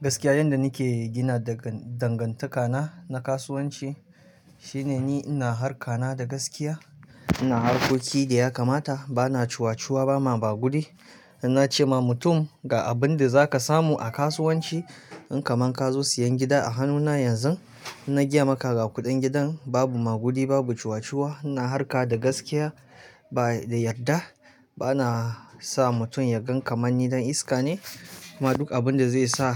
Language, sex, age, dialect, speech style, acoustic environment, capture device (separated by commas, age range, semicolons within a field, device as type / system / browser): Hausa, male, 25-34, Nigeria - Kano, relaxed; happy, silence, mobile / Linux / Chrome